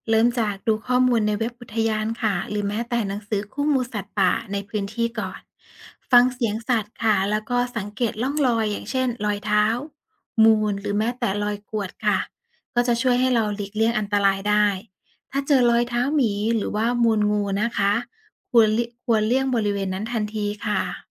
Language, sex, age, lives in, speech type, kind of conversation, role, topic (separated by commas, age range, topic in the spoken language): Thai, female, 35-39, Thailand, read, expert-assistant, expert, ในกรณีที่หลงป่า หรือเกิดเหตุการณ์ไม่คาดคิดขณะเดินป่า มีแนวทางเอาตัวรอดหรือแก้ปัญหาเบื้องต้นอย่างไรบ้าง?